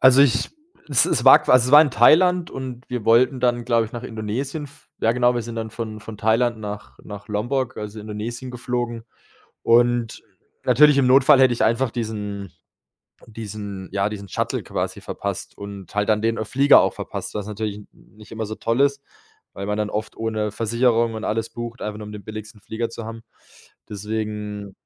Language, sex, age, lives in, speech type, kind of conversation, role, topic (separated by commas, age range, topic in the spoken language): German, male, 25-29, Germany, conversation, podcast, guest, Wann hat dich ein Fremder in einer Notlage unterstützt?